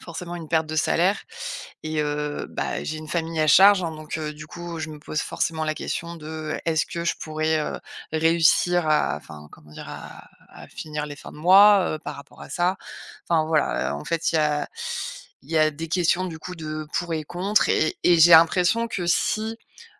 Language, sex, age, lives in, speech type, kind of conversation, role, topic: French, female, 35-39, France, conversation, advice, user, Comment puis-je trouver plus de sens dans ma carrière ?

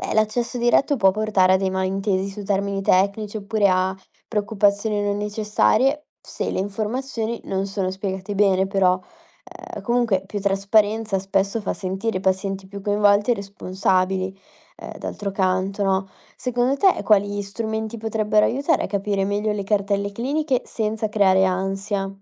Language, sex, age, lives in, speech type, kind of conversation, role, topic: Italian, female, 20-24, Italy, read, expert-assistant, expert, Se potessi unire due specialità mediche per creare una nuova figura di medico, quali sceglieresti e perché?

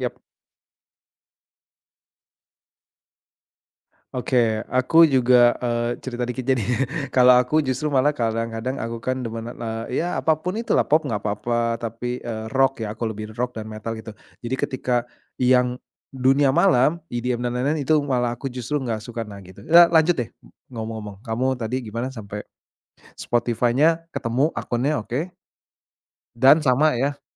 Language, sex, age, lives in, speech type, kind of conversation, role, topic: Indonesian, male, 35-39, Indonesia, conversation, podcast, host, Bisakah kamu menceritakan momen saat membuat daftar putar bersama yang membuat suasana jadi berkesan?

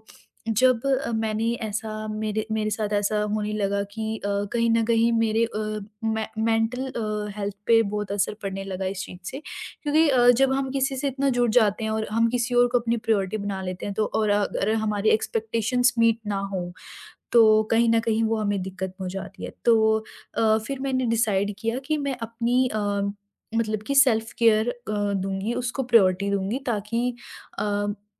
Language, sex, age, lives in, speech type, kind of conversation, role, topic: Hindi, female, 40-44, India, conversation, podcast, guest, Tumne kab apni dekhbhaal ko pehli priority banaya, aur isse tumhari zindagi mein kya farq pada?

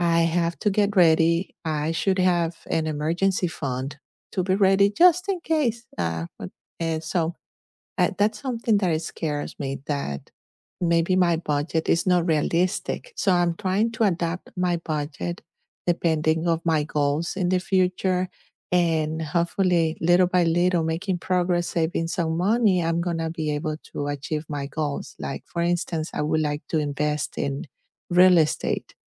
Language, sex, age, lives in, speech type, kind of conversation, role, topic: English, female, 50-54, United States, conversation, unstructured, none, What scares you about making big decisions for your future?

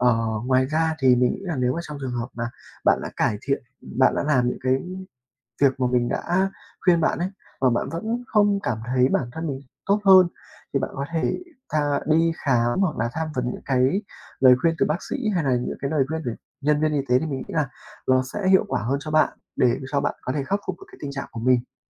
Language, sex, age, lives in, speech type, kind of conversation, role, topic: Vietnamese, male, 20-24, Vietnam, conversation, advice, advisor, Vì sao tôi mệt suốt ngày dù ban đêm ngủ đủ giờ?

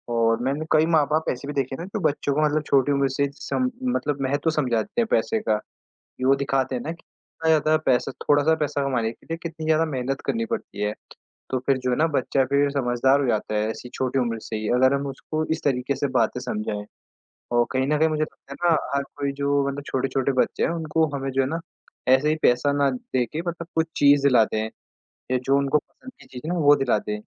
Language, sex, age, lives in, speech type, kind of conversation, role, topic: Hindi, male, 18-19, India, conversation, unstructured, none, बच्चों को पैसे की समझ कब और कैसे देनी चाहिए?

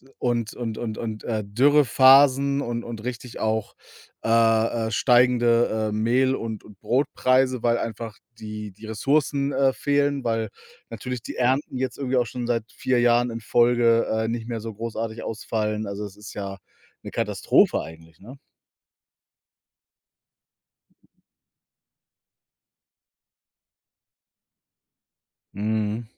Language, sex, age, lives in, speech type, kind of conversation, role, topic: German, male, 35-39, Germany, conversation, unstructured, none, Wie fühlst du dich, wenn du an den Klimawandel denkst?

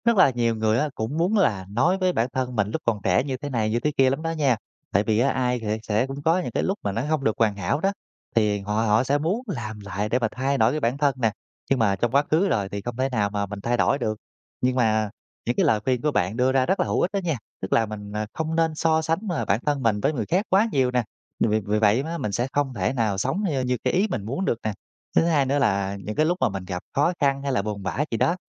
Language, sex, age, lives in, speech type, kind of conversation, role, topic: Vietnamese, male, 30-34, Vietnam, conversation, podcast, host, Bạn muốn nhắn nhủ điều gì với chính mình khi còn trẻ hơn?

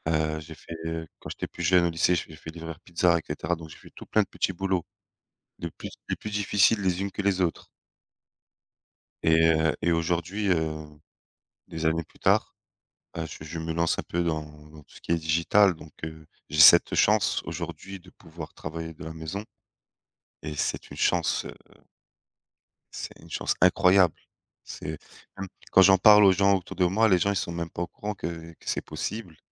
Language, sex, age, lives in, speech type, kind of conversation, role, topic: French, male, 30-34, France, conversation, unstructured, none, Quel est ton avis sur le télétravail ?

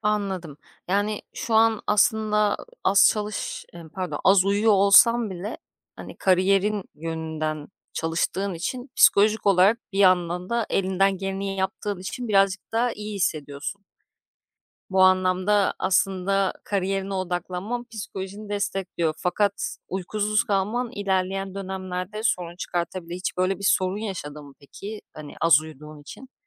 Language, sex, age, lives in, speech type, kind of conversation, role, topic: Turkish, female, 35-39, Greece, conversation, podcast, host, Sağlık mı, kariyer mi; tercihini nasıl açıklarsın?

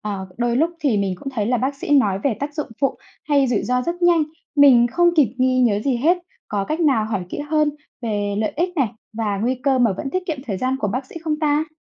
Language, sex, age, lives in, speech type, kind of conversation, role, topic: Vietnamese, female, 25-29, Vietnam, read, expert-assistant, asker, Làm thế nào để giao tiếp hiệu quả với bác sĩ khi tư vấn sức khỏe?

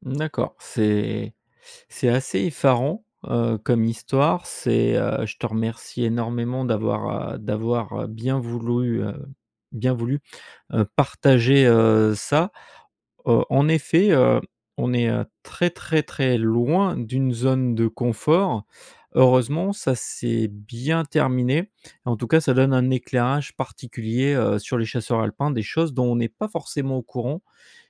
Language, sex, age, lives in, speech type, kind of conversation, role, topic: French, male, 45-49, France, conversation, podcast, host, Parle-moi d'une fois où tu as dépassé ta zone de confort ?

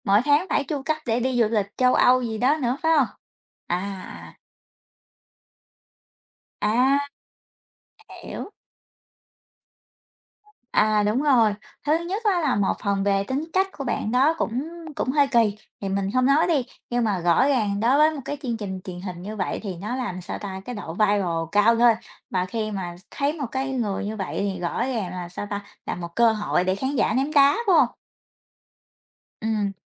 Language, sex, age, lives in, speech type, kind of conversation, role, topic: Vietnamese, female, 30-34, United States, conversation, unstructured, none, Bạn nghĩ sao về việc các chương trình giải trí quá tập trung vào tranh cãi?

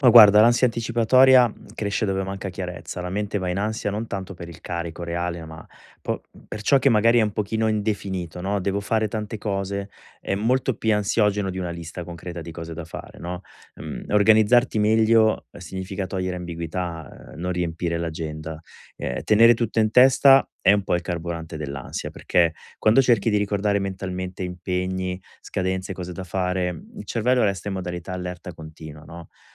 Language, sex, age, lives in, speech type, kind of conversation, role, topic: Italian, male, 40-44, Italy, conversation, advice, advisor, Come posso organizzarmi meglio per ridurre l’ansia anticipatoria?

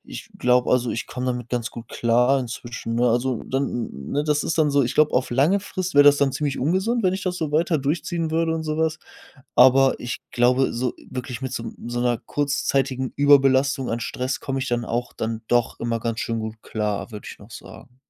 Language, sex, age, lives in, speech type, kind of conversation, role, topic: German, male, 20-24, Germany, conversation, podcast, guest, Wie findest du Ruhe nach einem stressigen Tag?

